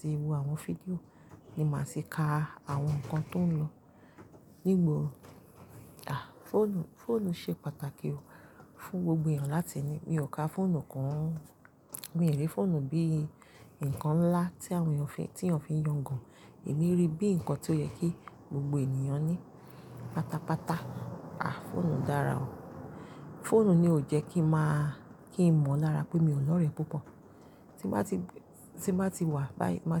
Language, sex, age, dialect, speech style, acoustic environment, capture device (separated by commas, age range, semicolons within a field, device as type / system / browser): Yoruba, female, 35-44, Nigeria - Lagos Yoruba, relaxed, silence, mobile / Linux / Chrome